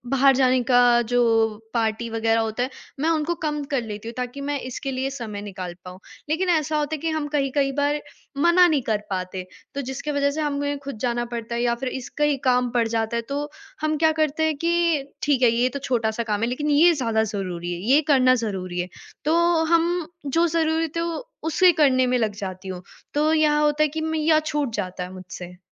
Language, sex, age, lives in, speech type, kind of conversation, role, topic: Hindi, female, 18-19, India, conversation, advice, user, मैं नई आदतें बनाकर उन्हें लंबे समय तक कैसे निभा सकता/सकती हूँ, ताकि वे जल्दी न टूटें?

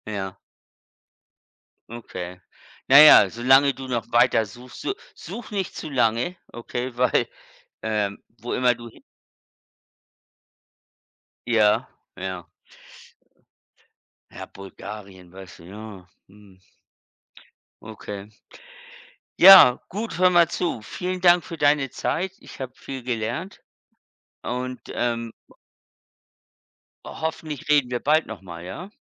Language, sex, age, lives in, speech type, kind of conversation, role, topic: German, male, 55-59, United States, conversation, unstructured, none, Wie wichtig ist es dir, dass andere dich so akzeptieren, wie du bist?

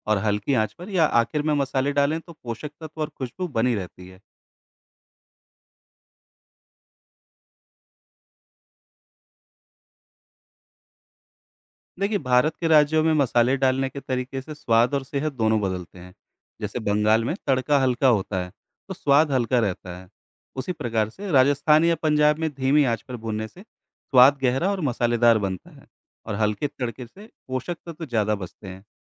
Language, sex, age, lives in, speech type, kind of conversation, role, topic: Hindi, male, 35-39, India, read, expert-assistant, expert, क्या आप समझा सकते हैं कि मसालों का चयन और संयोजन भारतीय खाने के स्वाद और स्वास्थ्य पर कैसे असर डालता है?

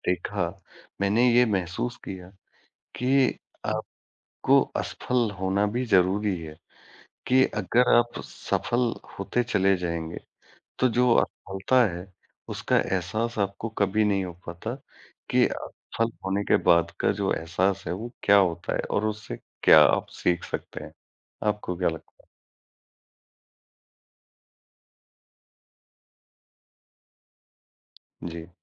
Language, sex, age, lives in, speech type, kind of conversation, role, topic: Hindi, male, 40-44, India, conversation, unstructured, none, क्या आपको लगता है कि पढ़ाई में असफल होना भी सीखने की प्रक्रिया का हिस्सा है?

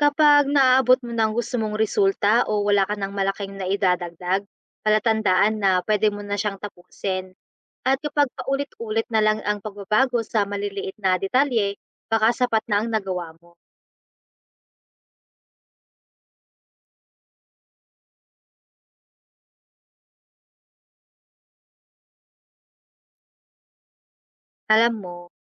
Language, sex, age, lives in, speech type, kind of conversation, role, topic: Filipino, female, 25-29, Philippines, read, expert-assistant, expert, Kapag maraming ideya at inspirasyon, paano ko maipaprayoridad ang mga ito para mapili kung alin ang dapat kong simulan o tapusin muna?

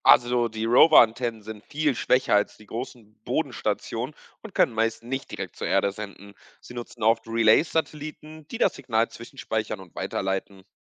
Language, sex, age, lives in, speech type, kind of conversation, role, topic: German, male, 20-24, Portugal, read, expert-assistant, expert, Welche Hinweise zeigen, ob eine Quelle zu aktuellen Raumfahrtmissionen vertrauenswürdig ist?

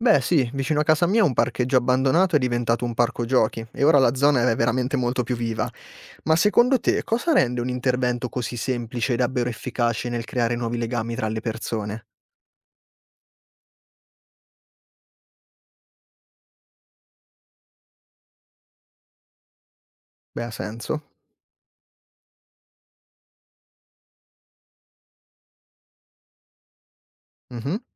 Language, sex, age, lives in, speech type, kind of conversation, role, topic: Italian, male, 25-29, Italy, read, expert-assistant, asker, Come potremmo sviluppare quartieri urbani che aiutano a sentirsi parte di una comunità?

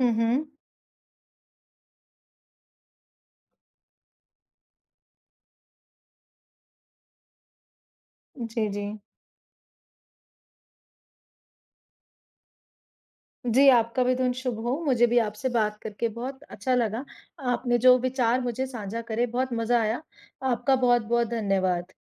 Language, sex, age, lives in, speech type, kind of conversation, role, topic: Hindi, female, 25-29, India, conversation, unstructured, none, किस नई खोज ने आपको सबसे ज़्यादा हैरान किया है?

